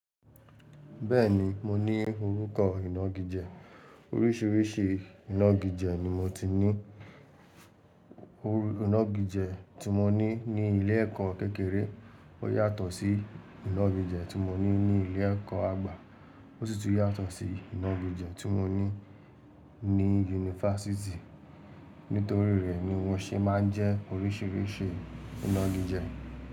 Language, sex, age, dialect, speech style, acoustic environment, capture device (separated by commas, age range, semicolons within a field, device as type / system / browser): Yoruba, male, 18-24, Nigeria - Lagos Yoruba, relaxed, people talking, mobile / Linux / Chrome